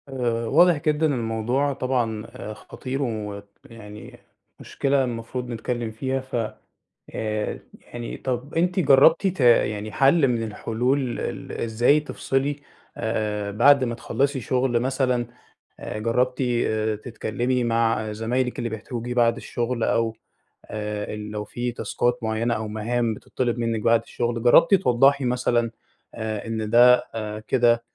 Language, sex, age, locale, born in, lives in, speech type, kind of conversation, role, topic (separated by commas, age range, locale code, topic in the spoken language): Arabic, male, 20-24, ar-EG, Egypt, Egypt, conversation, advice, advisor, إزاي أحط حدود صحية بين الشغل وحياتي الشخصية؟